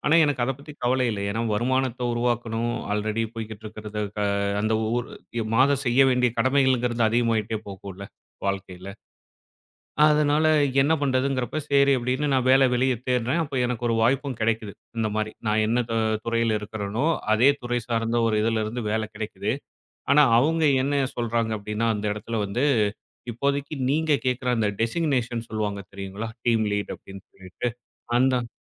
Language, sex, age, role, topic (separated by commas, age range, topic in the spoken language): Tamil, male, 35-39, guest, பணத்தையும் வளர்ச்சியையும் சமநிலைப்படுத்த நீங்கள் எப்படிச் செய்கிறீர்கள்?